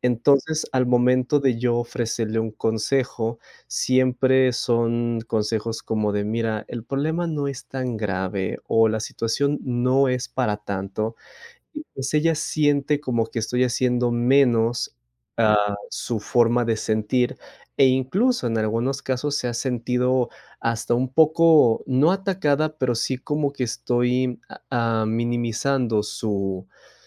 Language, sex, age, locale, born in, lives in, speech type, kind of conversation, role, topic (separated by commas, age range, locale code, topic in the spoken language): Spanish, male, 25-29, es-MX, Mexico, Mexico, conversation, advice, user, ¿Cómo puedo ofrecer apoyo emocional a mi pareja sin minimizar sus sentimientos?